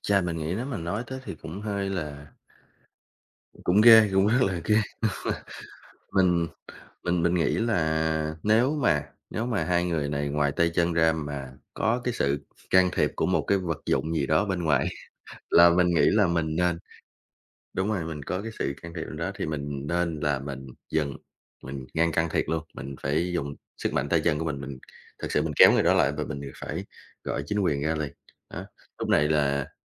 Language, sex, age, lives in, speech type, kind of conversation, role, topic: Vietnamese, male, 25-29, Vietnam, conversation, podcast, guest, Bạn thường xử lý mâu thuẫn với hàng xóm như thế nào?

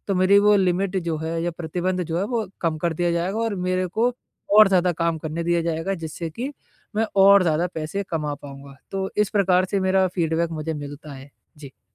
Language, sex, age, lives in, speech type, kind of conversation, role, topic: Hindi, male, 20-24, India, conversation, advice, user, मैं उत्पादकता बनाए रखते हुए प्रतिपुष्टि को कैसे शामिल करूँ?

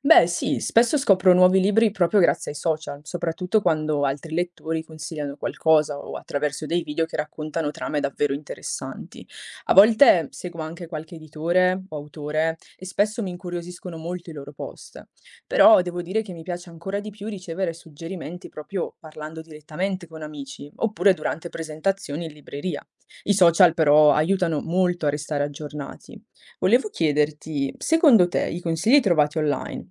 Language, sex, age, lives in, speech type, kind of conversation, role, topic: Italian, female, 20-24, Italy, read, expert-assistant, asker, Qual è un modo efficace per scegliere cosa leggere nella letteratura contemporanea?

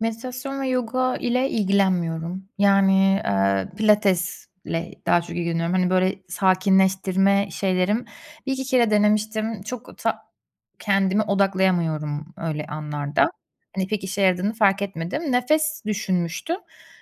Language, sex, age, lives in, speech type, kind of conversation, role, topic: Turkish, female, 30-34, Germany, conversation, advice, user, Stresle başa çıkmak için yemek yemeye ya da bir şeyler içmeye yönelme alışkanlığınız hakkında neler anlatabilirsiniz?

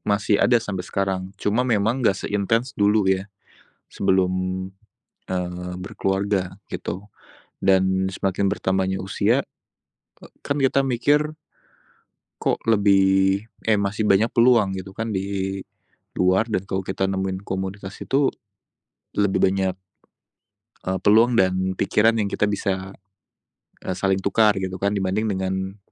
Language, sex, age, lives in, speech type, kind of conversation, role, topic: Indonesian, male, 25-29, Indonesia, conversation, unstructured, none, Bagaimana Anda memutuskan apakah akan menghabiskan waktu dengan teman atau keluarga?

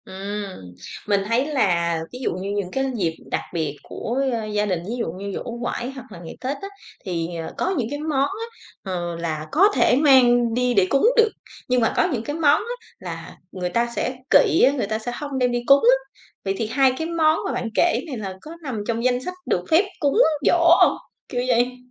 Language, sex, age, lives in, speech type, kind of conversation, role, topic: Vietnamese, female, 35-39, Vietnam, conversation, podcast, host, Món ăn nào khiến bạn nhớ nhất về gia đình mình?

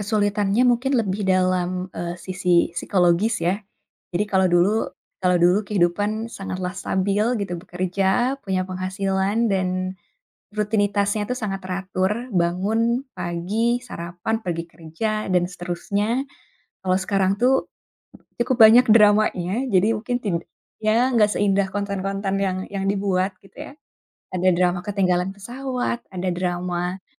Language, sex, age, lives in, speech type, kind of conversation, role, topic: Indonesian, female, 25-29, Indonesia, conversation, podcast, guest, Apa ambisimu dalam lima atau sepuluh tahun ke depan?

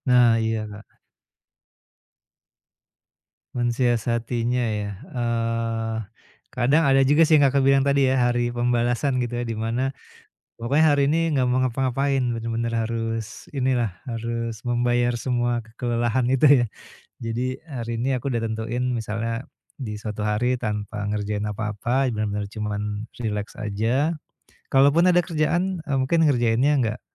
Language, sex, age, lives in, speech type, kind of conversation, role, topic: Indonesian, male, 45-49, Indonesia, conversation, advice, user, Bagaimana caranya rileks setelah hari kerja yang panjang?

